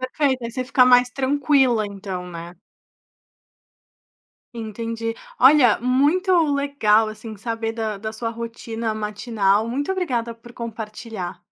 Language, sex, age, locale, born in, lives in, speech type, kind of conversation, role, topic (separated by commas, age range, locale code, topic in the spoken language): Portuguese, female, 25-29, pt-BR, Brazil, Italy, conversation, podcast, host, Como é o seu ritual matinal em casa?